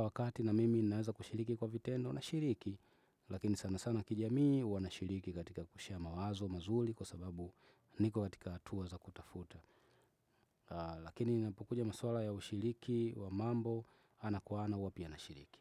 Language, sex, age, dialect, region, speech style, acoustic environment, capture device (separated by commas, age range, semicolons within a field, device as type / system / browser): Swahili, male, 25-34, Tanzania - Dar es Salaam, Tanzania, relaxed, nature, desktop / Windows / Chrome